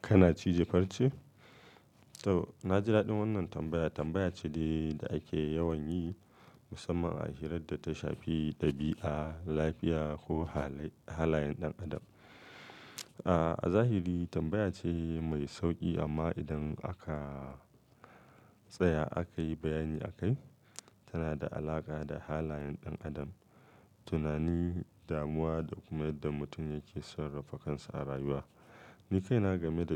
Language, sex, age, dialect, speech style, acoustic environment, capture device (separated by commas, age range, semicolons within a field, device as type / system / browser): Hausa, male, 35-44, Nigeria - Kano, focused, nature; silence, mobile / Linux / Chrome